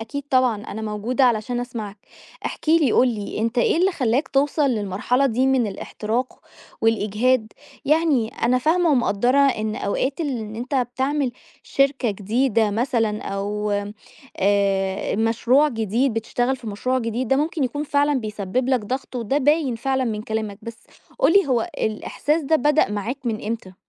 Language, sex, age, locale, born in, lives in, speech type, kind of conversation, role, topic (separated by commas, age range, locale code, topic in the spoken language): Arabic, female, 30-34, ar-EG, Egypt, Egypt, conversation, advice, advisor, إزاي بتوصف إحساسك بالإرهاق والإنهاك بسبب ضغط الشغل في شركتك الناشئة؟